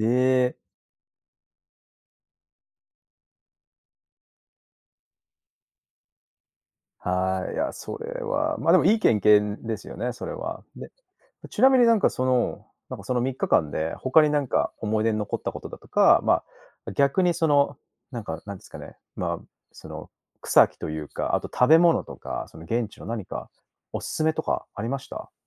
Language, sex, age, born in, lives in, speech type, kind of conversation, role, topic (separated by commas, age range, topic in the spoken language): Japanese, male, 35-39, Japan, Japan, conversation, podcast, host, 一番心に残る旅先の話、聞かせてくれる？